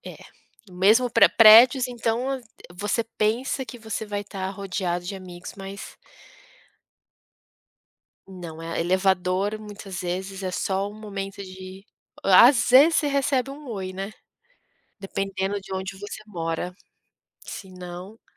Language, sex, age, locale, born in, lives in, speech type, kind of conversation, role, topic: Portuguese, female, 35-39, pt-BR, Brazil, United States, conversation, podcast, guest, Como sua cidade natal influenciou quem você é hoje?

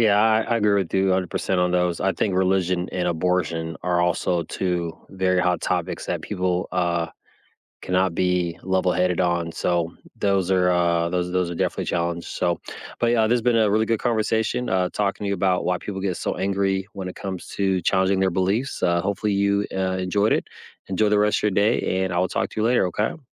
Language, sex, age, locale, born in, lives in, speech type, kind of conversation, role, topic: English, male, 35-39, en-US, United States, United States, conversation, unstructured, none, Why do people get angry when their beliefs are challenged?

